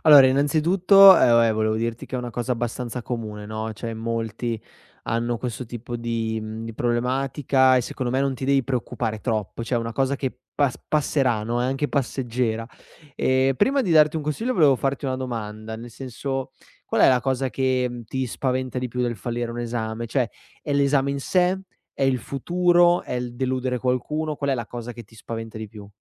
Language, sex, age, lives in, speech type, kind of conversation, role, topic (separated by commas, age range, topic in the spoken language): Italian, male, 25-29, Italy, conversation, advice, advisor, Come vivi la paura di fallire un esame, una certificazione o un corso importante?